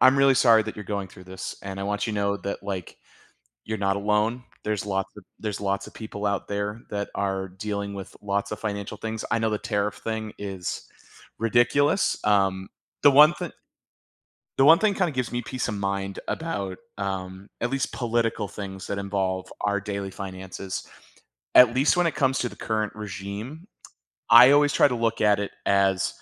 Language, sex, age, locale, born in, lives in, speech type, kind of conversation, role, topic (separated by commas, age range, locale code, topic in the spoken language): English, male, 35-39, en-US, United States, United States, conversation, advice, advisor, How can I manage feeling overwhelmed by financial worries and regain control?